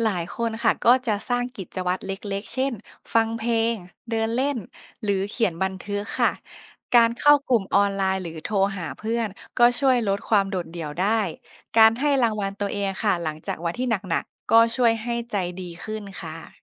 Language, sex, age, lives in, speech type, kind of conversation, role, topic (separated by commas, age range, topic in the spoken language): Thai, female, 25-29, Thailand, read, expert-assistant, expert, เวลาที่เราดูแลผู้ป่วย เราได้เรียนรู้อะไรเกี่ยวกับตัวเองมากที่สุด?